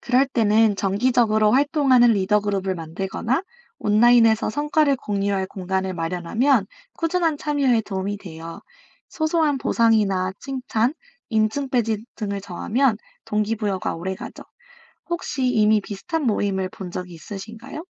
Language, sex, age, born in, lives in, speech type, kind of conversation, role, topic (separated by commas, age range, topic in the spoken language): Korean, female, 25-29, South Korea, United States, read, expert-assistant, expert, 기후 변화의 영향을 줄이기 위한 개인과 지역 사회 차원의 효과적인 실천 방법에는 무엇이 있을까요?